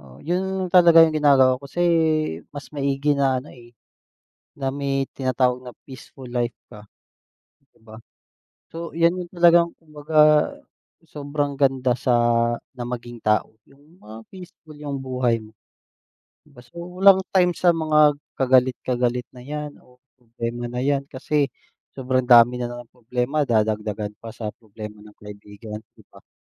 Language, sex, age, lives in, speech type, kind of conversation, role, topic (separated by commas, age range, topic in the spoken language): Filipino, male, 30-34, Philippines, conversation, unstructured, none, Ano ang papel ng komunikasyon sa pagresolba ng hindi pagkakaunawaan?